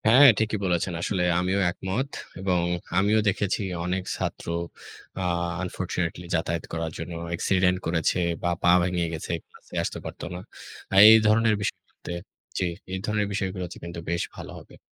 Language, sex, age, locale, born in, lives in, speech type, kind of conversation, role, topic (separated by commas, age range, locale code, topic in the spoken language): Bengali, male, 25-29, bn-BD, Bangladesh, Bangladesh, conversation, unstructured, none, অনলাইন ক্লাস আর সরাসরি শ্রেণিকক্ষের ক্লাসের মধ্যে কোনটা ভালো?